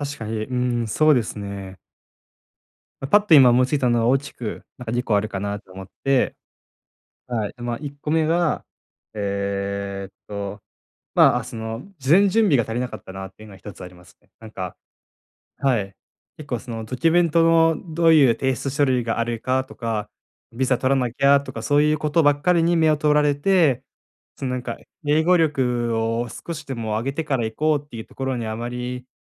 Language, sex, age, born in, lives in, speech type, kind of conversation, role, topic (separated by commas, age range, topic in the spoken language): Japanese, male, 20-24, Japan, Japan, conversation, advice, user, 失敗から何を学び、次にどう方向転換すべきですか？